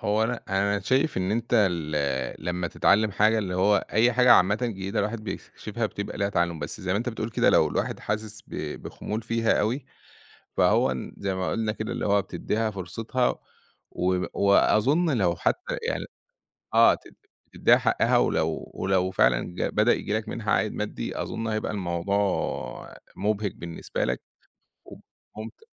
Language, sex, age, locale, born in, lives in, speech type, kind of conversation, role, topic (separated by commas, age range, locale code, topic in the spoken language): Arabic, male, 30-34, ar-EG, Egypt, Egypt, conversation, podcast, guest, إزاي بتقرّر إمتى تعيد تعلّم حاجة من الأول وإمتى تسيبها خالص؟